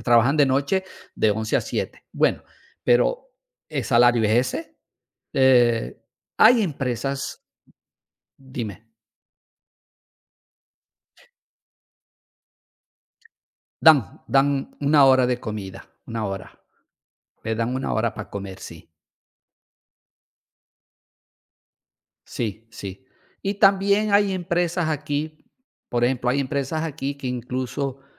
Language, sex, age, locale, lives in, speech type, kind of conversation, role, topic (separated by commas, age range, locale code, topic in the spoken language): Spanish, male, 55-59, es-VE, Portugal, conversation, unstructured, none, ¿Crees que es justo trabajar horas extra sin pago adicional?